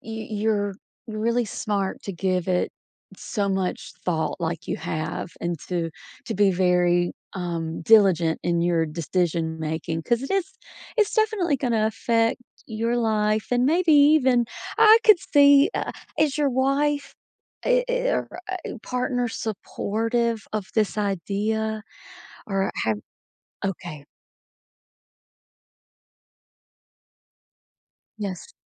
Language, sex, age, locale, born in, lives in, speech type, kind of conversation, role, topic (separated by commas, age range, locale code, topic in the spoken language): English, female, 40-44, en-US, United States, United States, conversation, advice, advisor, How should I decide between two career options?